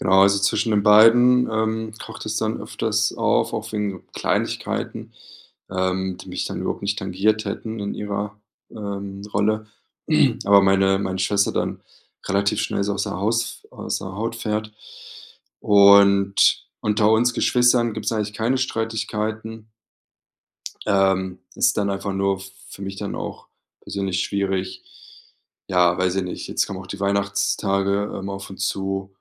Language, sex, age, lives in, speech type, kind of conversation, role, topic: German, male, 30-34, Germany, conversation, advice, user, Wie kann ich wiederkehrende Streitigkeiten und Kommunikationsprobleme zwischen meinen Geschwistern verringern?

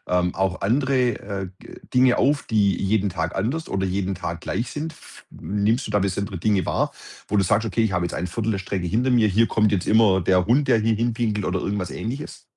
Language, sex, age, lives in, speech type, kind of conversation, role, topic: German, male, 50-54, Germany, conversation, podcast, host, Was begeistert dich an Spaziergängen durch deine Nachbarschaft?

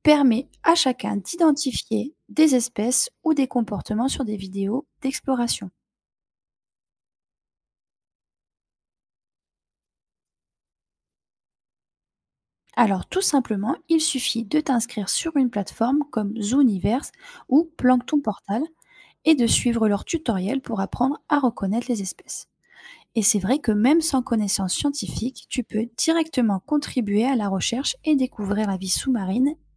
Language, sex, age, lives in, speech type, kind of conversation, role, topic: French, female, 30-34, France, read, expert-assistant, expert, Quelle méthode rend l’étude des océans plus vivante et moins théorique ?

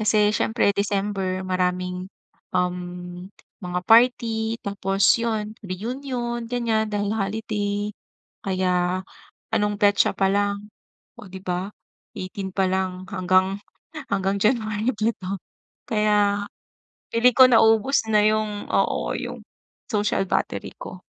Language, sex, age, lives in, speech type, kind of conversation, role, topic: Filipino, female, 40-44, Philippines, conversation, advice, user, Paano ko pamamahalaan ang enerhiya ko sa mga gawaing panlipunan?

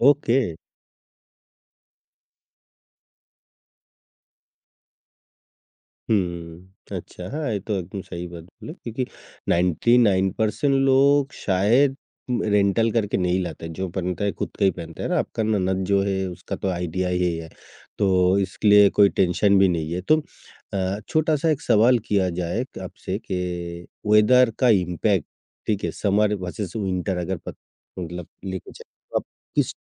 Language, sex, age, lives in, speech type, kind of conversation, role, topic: Hindi, male, 55-59, India, conversation, podcast, host, Festival ya shaadi mein apna unique look kaise plan karte ho?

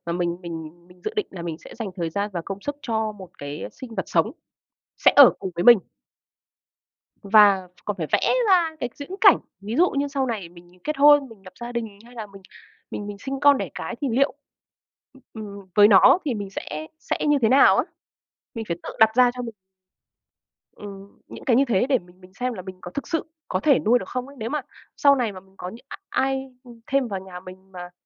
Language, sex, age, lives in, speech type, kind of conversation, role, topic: Vietnamese, female, 25-29, Vietnam, conversation, unstructured, none, Bạn nghĩ sao về việc nuôi thú cưng so với không nuôi thú cưng?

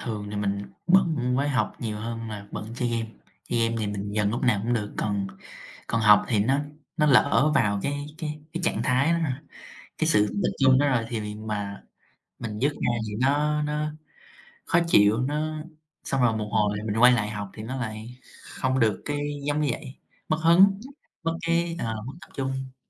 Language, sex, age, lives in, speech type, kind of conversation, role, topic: Vietnamese, male, 18-19, United States, conversation, unstructured, none, Bạn quản lý thời gian sử dụng các thiết bị công nghệ như thế nào?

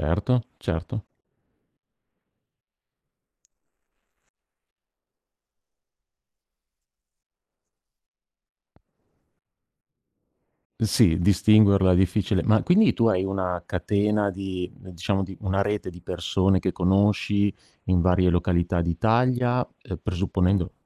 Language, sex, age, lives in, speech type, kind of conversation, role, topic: Italian, male, 50-54, Italy, conversation, podcast, host, Com’è scoprire luoghi nascosti lontano dalle guide turistiche?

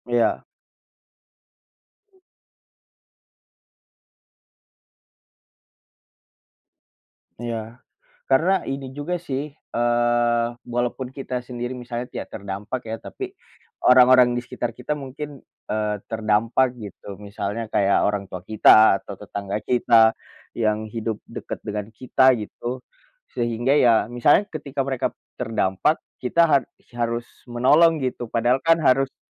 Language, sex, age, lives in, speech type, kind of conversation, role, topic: Indonesian, male, 25-29, Indonesia, conversation, unstructured, none, Apa pendapat kamu tentang kebijakan pemerintah yang kontroversial?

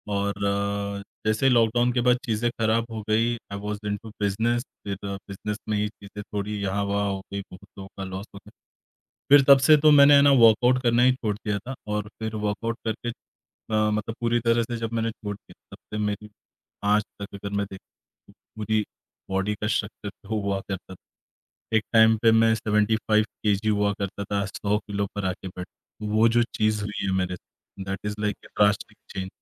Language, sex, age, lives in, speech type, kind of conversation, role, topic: Hindi, male, 30-34, India, conversation, podcast, guest, Tumhari kaunsi purani hobby hai jise tum dubara shuru karna chahoge?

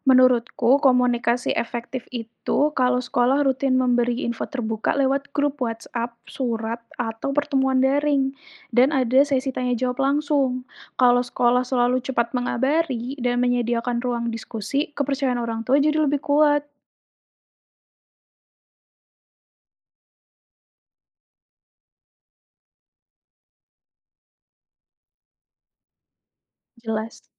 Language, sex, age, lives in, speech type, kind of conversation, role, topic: Indonesian, female, 30-34, Indonesia, read, expert-assistant, asker, Bagaimana perasaanmu tentang aturan wajib vaksinasi? Apakah itu adil untuk semua orang?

